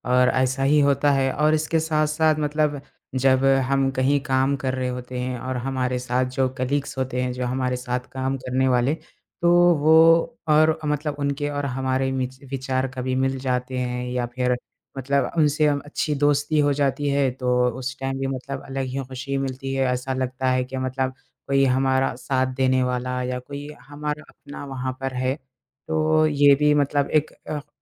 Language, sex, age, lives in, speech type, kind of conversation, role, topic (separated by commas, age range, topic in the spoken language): Hindi, male, 20-24, India, conversation, unstructured, none, आपको अपने काम में सबसे ज़्यादा खुशी कब मिलती है?